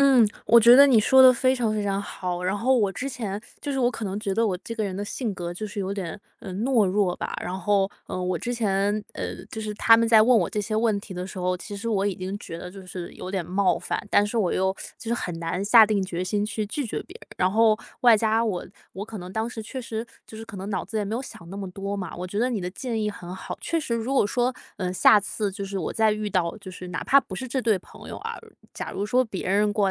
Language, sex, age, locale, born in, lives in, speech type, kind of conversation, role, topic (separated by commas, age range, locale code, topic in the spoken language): Chinese, female, 25-29, zh-CN, China, United States, conversation, advice, user, 我该如何在谈钱时平衡诚实和隐私？